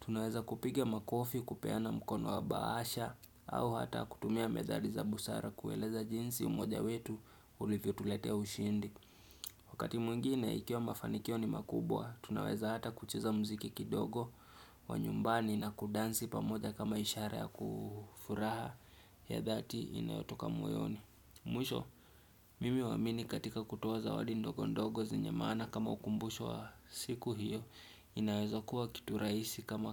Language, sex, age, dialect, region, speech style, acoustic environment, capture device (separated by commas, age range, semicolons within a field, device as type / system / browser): Swahili, male, 25-34, Kenya - Nairobi Sheng-influenced, Kenya, relaxed; focused, silence, desktop / Windows / Chrome